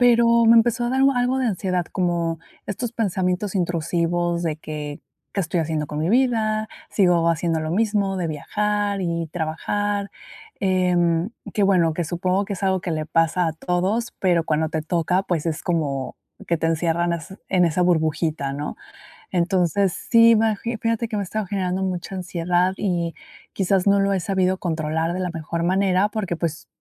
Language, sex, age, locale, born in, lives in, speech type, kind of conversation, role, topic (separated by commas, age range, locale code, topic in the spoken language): Spanish, female, 30-34, es-MX, Mexico, Mexico, conversation, advice, user, ¿Cómo puedo manejar la ansiedad cuando viajo o estoy lejos de casa?